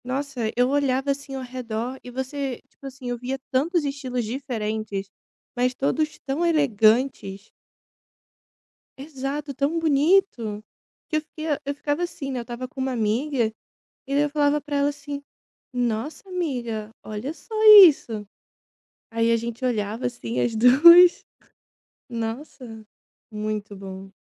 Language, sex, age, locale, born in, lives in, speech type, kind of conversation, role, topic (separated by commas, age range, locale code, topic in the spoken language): Portuguese, female, 25-29, pt-BR, Brazil, Italy, conversation, podcast, guest, Como você definiria o seu estilo pessoal?